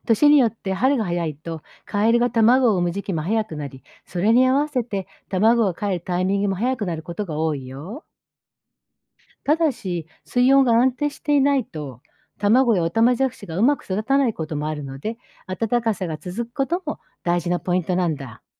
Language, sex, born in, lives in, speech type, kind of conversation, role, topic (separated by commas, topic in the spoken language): Japanese, female, Japan, Japan, read, expert-assistant, expert, どうしてカエルは鳴くのでしょうか？